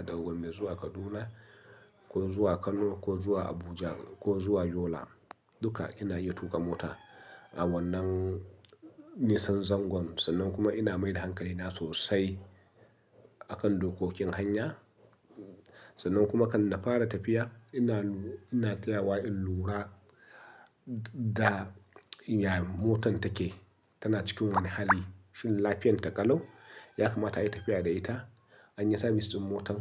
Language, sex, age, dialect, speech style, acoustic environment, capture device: Hausa, male, 35-44, Nigeria - Nigerian Standard, happy, construction, mobile / Linux / Chrome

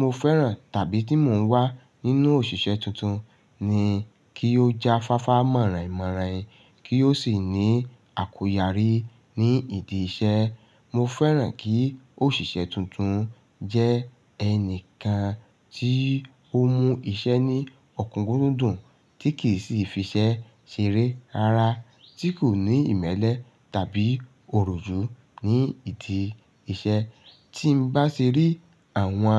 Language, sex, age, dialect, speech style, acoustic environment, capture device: Yoruba, male, 35-44, Nigeria - Lagos Yoruba, focused, nature; silence, mobile / Linux / Chrome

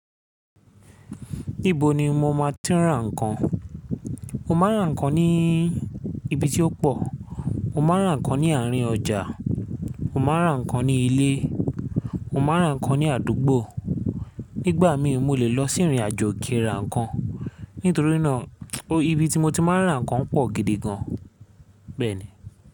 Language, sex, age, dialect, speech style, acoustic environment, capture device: Yoruba, male, 25-34, Nigeria - Lagos Yoruba, happy; tired; excited; relaxed, silence, mobile / Linux / Chrome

